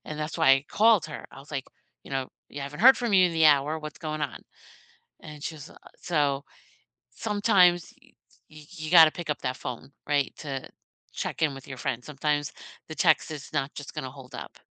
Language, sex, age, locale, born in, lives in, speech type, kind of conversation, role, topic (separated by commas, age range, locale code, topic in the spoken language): English, female, 50-54, en-US, United States, United States, conversation, unstructured, none, How often do you check in with friends, what prompts you to do it, and what makes those check-ins meaningful for both of you?